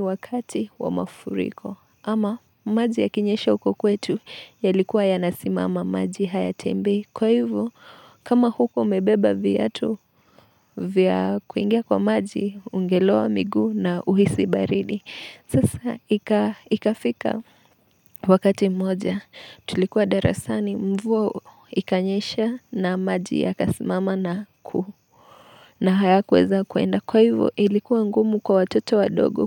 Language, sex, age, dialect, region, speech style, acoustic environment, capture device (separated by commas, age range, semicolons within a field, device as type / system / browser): Swahili, female, 25-34, Kenya - Nairobi Sheng-influenced, Kenya, nervous, silence, mobile / Linux / Chrome